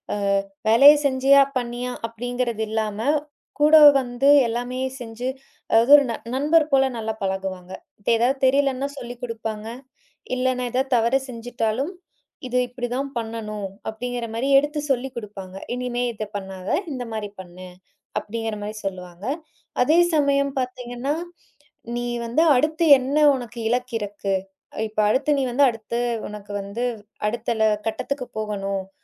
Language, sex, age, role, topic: Tamil, female, 25-29, guest, வேலைமாற்றம் உங்களுக்கு என்ன கற்றுத்தந்தது?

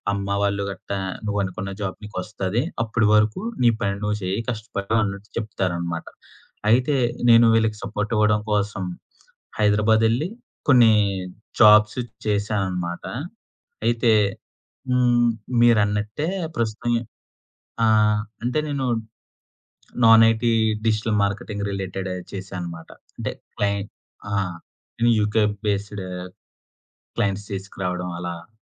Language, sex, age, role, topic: Telugu, male, 25-29, guest, మీ కెరీర్‌లో మీ ప్రధాన ఆశయం ఏమిటి?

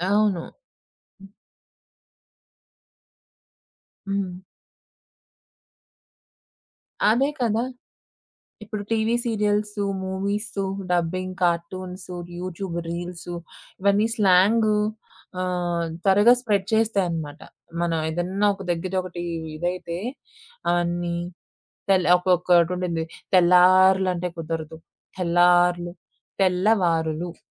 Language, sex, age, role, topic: Telugu, female, 25-29, guest, తరం మారడంతో మీ భాష ఎలా మారిందని అనిపిస్తుంది?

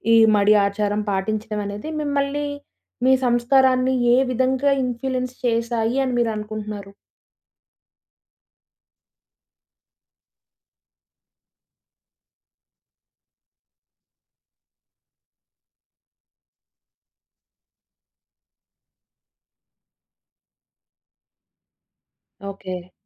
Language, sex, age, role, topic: Telugu, female, 20-24, host, సాంస్కృతిక మేళవింపు మీ జీవనశైలిలోకి ఎలా వచ్చింది?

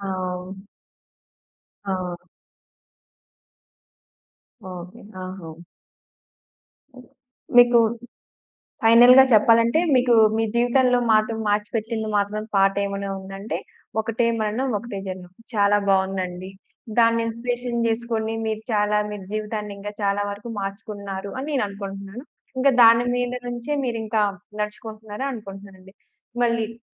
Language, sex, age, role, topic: Telugu, female, 25-29, host, మీ జీవితాన్ని మార్చి పెట్టిన ఒక పాట గురించి చెప్పగలరా?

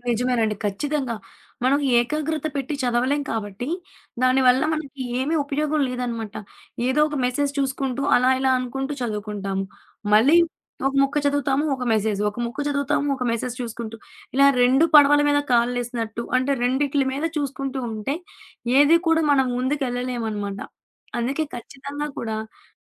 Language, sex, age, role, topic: Telugu, female, 20-24, guest, ఫోన్ నోటిఫికేషన్లు మన దృష్టిని ఎలా చెదరగొడతాయని మీరు అనుకుంటారు?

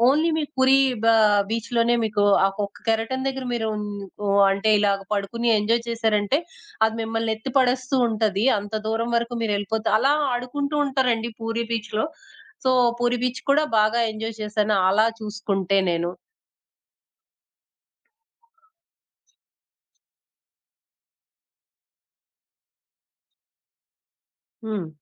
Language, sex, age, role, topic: Telugu, female, 20-24, guest, పర్వతాల ఎత్తు నుంచి దృశ్యాన్ని చూడటమా, సముద్ర తీరంలో ఉండటమా—మీకు ఏది ఎక్కువగా నచ్చుతుంది?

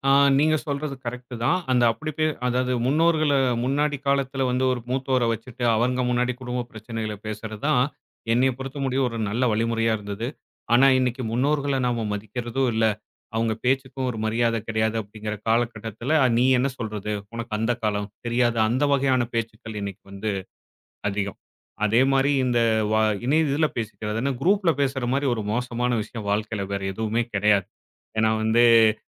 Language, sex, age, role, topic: Tamil, male, 35-39, guest, நேரில் சந்திக்கும் உரையாடலின் உண்மையான உணர்வை ஆன்லைனிலும் பெற முடியுமா?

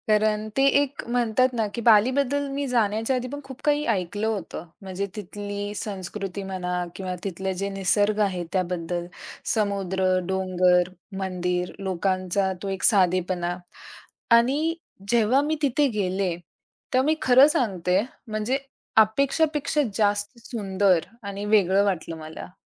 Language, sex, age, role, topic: Marathi, female, 45-49, guest, तुमचा आवडता प्रवासाचा अनुभव सांगाल का?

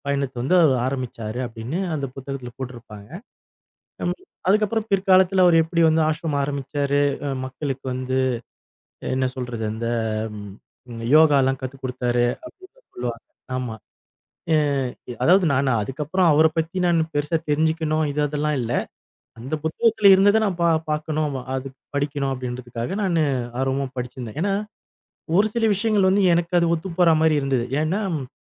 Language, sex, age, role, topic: Tamil, male, 40-44, guest, ஒரு புத்தகத்துடன் கழிக்கும் ஒரே நாள் உங்கள் மனநிலையை எப்படிப் மாற்றும்?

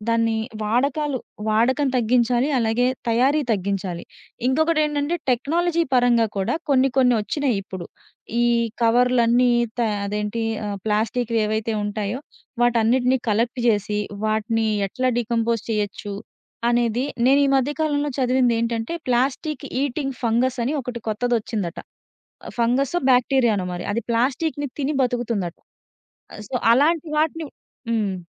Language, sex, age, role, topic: Telugu, female, 30-34, guest, ప్లాస్టిక్ వినియోగం తగ్గించటంలో ఏ అంశాలకు ప్రాధాన్యం ఇవ్వాలి?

